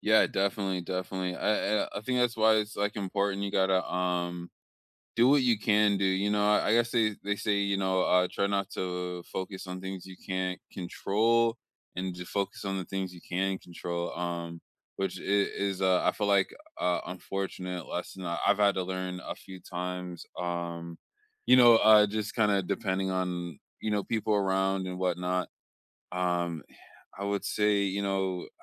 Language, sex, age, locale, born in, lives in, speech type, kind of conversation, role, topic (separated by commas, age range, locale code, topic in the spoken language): English, male, 25-29, en-US, United States, United States, conversation, unstructured, none, What small habit made your last week better?